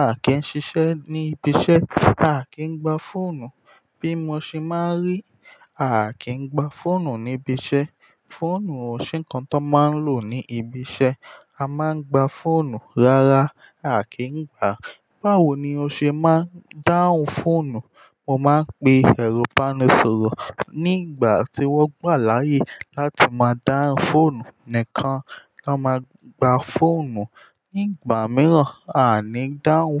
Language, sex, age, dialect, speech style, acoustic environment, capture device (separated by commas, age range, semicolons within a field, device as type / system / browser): Yoruba, male, 18-24, Nigeria - Ijebu, focused; happy, silence, mobile / Linux / Chrome